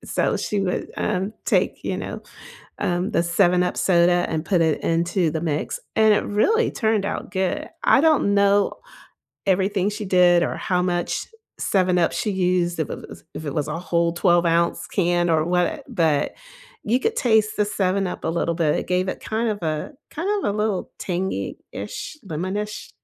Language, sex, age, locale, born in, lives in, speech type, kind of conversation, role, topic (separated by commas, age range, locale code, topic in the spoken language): English, female, 55-59, en-US, United States, United States, conversation, unstructured, none, What recipes or comfort foods make you feel most nourished and cozy?